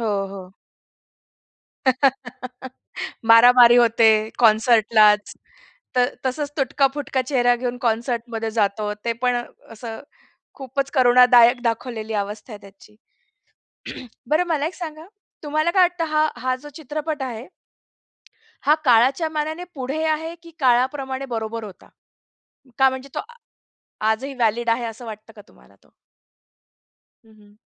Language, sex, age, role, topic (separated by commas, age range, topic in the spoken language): Marathi, female, 35-39, host, कुठल्या चित्रपटाने तुला सर्वात जास्त प्रभावित केलं आहे?